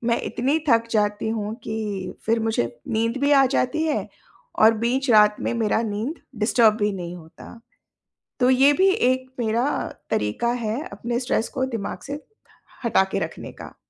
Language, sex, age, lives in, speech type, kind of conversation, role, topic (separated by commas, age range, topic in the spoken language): Hindi, female, 45-49, India, conversation, podcast, guest, Stress badhne par aap sabse pehle kya karte ho?